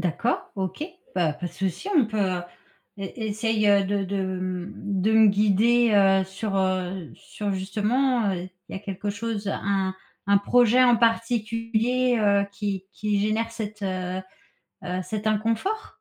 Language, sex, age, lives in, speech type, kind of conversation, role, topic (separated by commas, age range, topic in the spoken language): French, female, 40-44, France, conversation, advice, advisor, Avez-vous peur que votre travail soit jugé ou rejeté ?